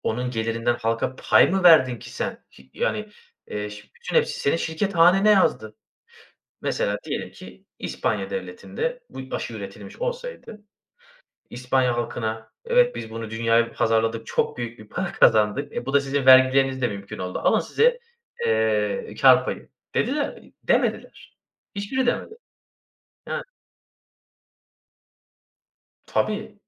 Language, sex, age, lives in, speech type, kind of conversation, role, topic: Turkish, male, 30-34, Bulgaria, conversation, unstructured, none, Pandemilerle mücadelede devletin rolü ne olmalı?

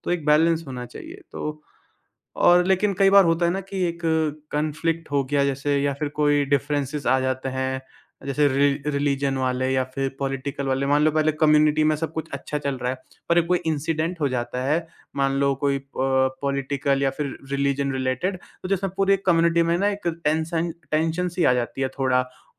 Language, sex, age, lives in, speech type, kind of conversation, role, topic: Hindi, male, 20-24, India, conversation, podcast, host, Kaunsi chhoti-chhoti baatein community ko strong banati hain?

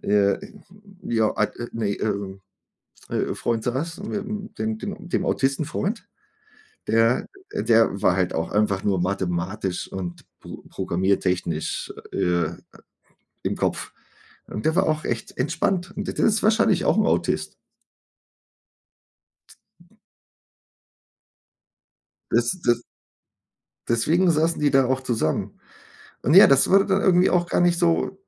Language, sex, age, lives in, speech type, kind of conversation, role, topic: German, male, 40-44, Germany, conversation, unstructured, none, Was motiviert dich, jeden Tag zur Arbeit zu gehen?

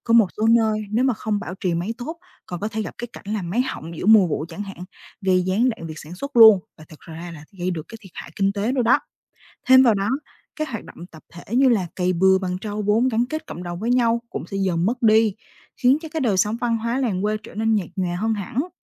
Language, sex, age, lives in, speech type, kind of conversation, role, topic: Vietnamese, female, 20-24, Vietnam, read, expert-assistant, expert, Nếu trâu biến mất ở làng quê Việt Nam, cuộc sống và văn hóa sẽ thay đổi như thế nào?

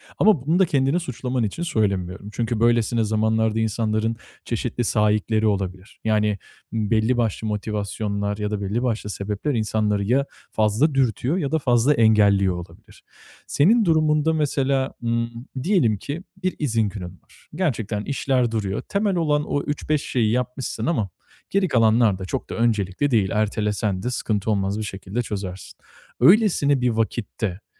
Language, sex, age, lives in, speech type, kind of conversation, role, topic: Turkish, male, 25-29, Italy, conversation, advice, advisor, Rahatlamak ve boş zamanımı keyifli geçirmek neden bana zor geliyor?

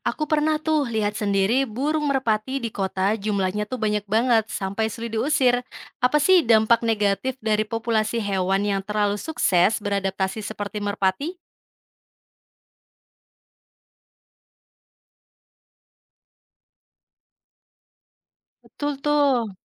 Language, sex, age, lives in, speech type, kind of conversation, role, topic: Indonesian, female, 25-29, Indonesia, read, expert-assistant, asker, Apa saja kelebihan dan kekurangan adaptasi makhluk hidup akibat evolusi?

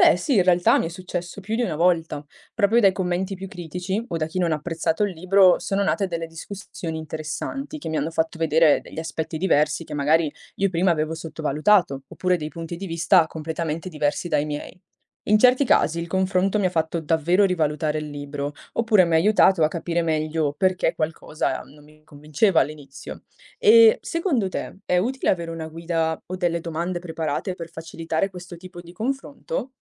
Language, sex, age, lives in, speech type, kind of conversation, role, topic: Italian, female, 20-24, Italy, read, expert-assistant, asker, Qual è un modo efficace per scegliere cosa leggere nella letteratura contemporanea?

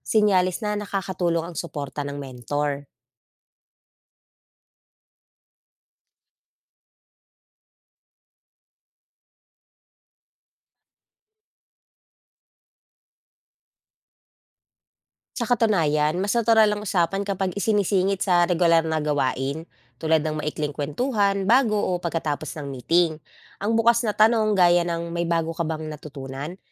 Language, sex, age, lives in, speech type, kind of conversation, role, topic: Filipino, female, 35-39, Philippines, read, expert-assistant, expert, Mas natututo ba tayo sa aktwal na karanasan o sa mga seminar at training pagdating sa serbisyo publiko?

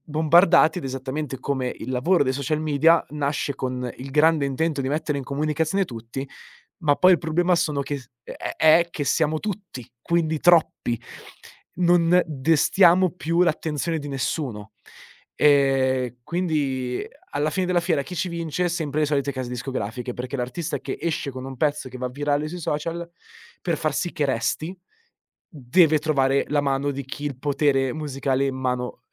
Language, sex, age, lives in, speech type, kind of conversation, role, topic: Italian, male, 25-29, Italy, conversation, podcast, guest, Come è cambiato il tuo gusto musicale negli anni?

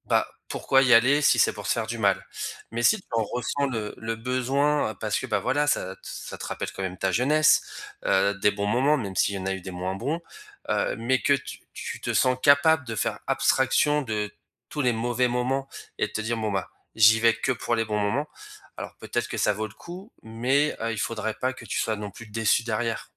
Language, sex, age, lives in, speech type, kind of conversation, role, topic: French, male, 35-39, France, conversation, advice, advisor, Que ressens-tu face à l’incertitude après la fin d’une longue amitié ?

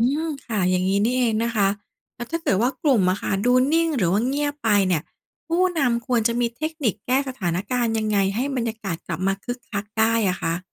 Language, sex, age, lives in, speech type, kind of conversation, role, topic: Thai, female, 40-44, Thailand, read, expert-assistant, asker, ถ้าต้องคิดกิจกรรมแปลกใหม่เพื่อให้คนที่ไม่รู้จักกันมาก่อนเปิดใจคุยกัน จะออกแบบอย่างไรดี?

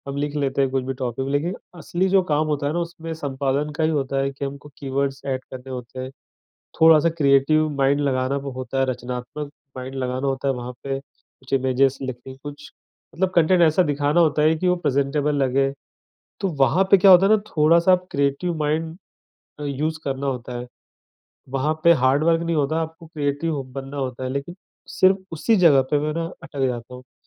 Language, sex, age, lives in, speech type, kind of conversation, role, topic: Hindi, male, 35-39, India, conversation, advice, user, तंग समय-सीमा में रचनात्मकता रुक जाने पर क्या करें?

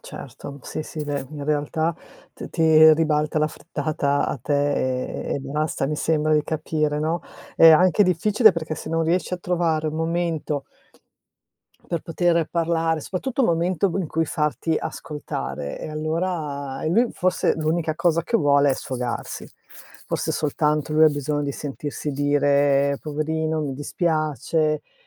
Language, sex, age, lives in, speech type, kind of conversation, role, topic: Italian, female, 55-59, Italy, conversation, advice, advisor, Come posso affrontare il fatto che il mio partner sembra distante e poco presente emotivamente?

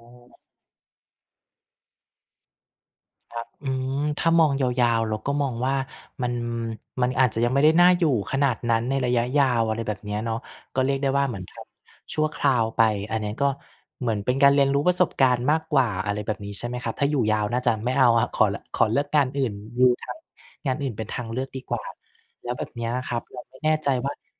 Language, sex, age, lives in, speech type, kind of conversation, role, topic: Thai, male, 35-39, Thailand, conversation, podcast, host, คุณเคยต้องเปลี่ยนตัวเองเพื่อให้เข้ากับที่ทำงานไหม?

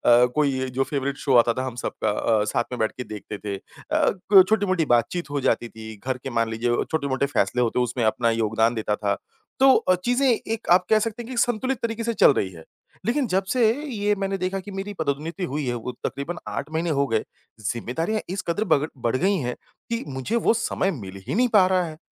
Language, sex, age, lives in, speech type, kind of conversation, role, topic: Hindi, male, 30-34, India, conversation, advice, user, आपको परिवार और जीवनसाथी के साथ पर्याप्त गुणवत्ता समय क्यों नहीं मिल पा रहा है?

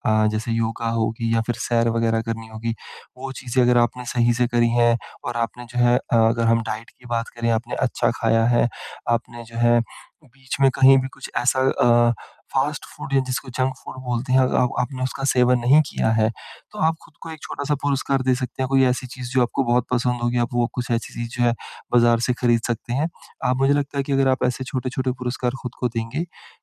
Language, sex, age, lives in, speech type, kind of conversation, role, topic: Hindi, male, 25-29, India, conversation, advice, advisor, मैं खुद को प्रेरित कैसे रखूँ और छोटे इनाम कैसे चुनूँ?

